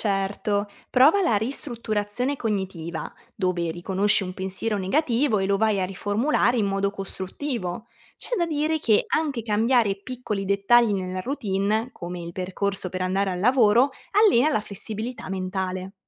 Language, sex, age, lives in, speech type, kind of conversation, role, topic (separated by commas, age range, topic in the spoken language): Italian, female, 20-24, Italy, read, expert-assistant, expert, Come possiamo usare ciò che sappiamo sul cervello per organizzare meglio le nostre giornate?